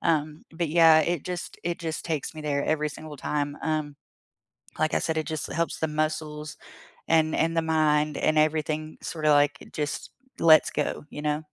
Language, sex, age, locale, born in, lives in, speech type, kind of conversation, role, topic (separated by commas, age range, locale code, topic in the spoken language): English, female, 30-34, en-US, United States, United States, conversation, unstructured, none, Where was the last place you felt truly relaxed, and why?